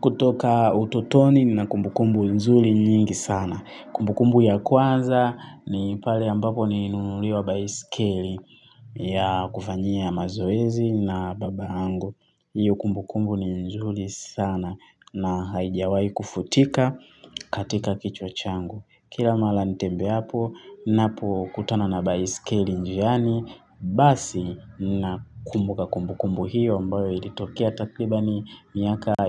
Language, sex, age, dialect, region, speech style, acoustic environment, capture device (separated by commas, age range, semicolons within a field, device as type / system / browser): Swahili, male, 25-34, Tanzania - Dar es Salaam, Tanzania, focused, silence, mobile / Linux / Chrome